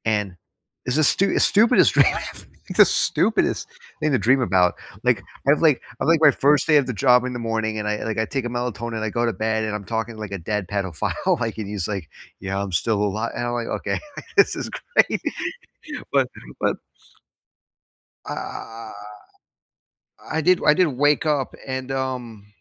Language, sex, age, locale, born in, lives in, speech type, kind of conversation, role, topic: English, male, 25-29, en-US, United States, United States, conversation, unstructured, none, What political event surprised you?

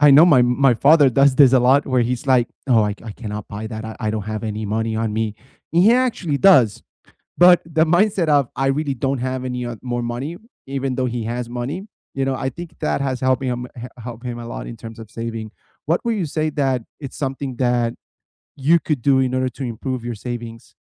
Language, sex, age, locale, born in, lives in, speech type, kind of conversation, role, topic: English, male, 30-34, en-US, United States, United States, conversation, unstructured, none, What is the best way to save money each month?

